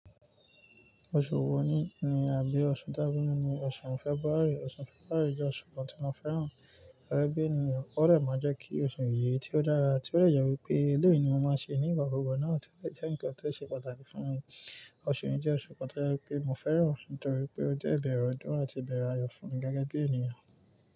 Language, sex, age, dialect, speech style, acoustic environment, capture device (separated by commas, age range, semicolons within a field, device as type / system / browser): Yoruba, male, 25-34, Nigeria - Nigerian Standard, excited, nature, mobile / Linux / Chrome